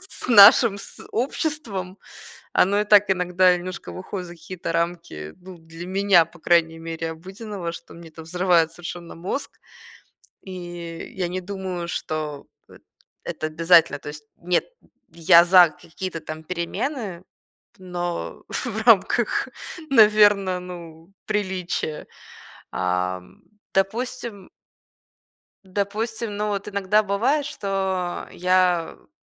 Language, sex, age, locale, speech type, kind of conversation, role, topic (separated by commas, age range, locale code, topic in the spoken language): Russian, female, 25-29, ru-RU, conversation, podcast, guest, Можно ли развить интуицию как навык?